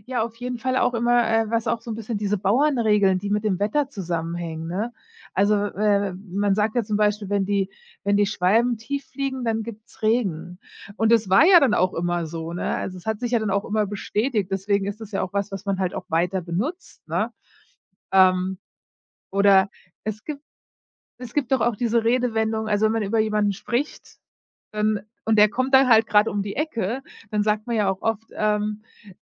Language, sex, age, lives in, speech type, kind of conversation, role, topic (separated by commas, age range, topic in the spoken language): German, female, 40-44, United States, conversation, podcast, guest, Welche Redewendungen benutzt deine Familie ständig?